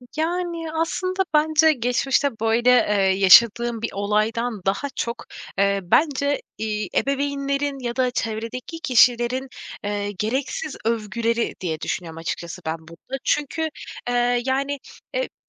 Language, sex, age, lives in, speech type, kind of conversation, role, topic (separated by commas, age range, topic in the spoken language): Turkish, female, 25-29, Poland, conversation, advice, user, Toplantı ya da sunum öncesinde neden panik hissediyorum?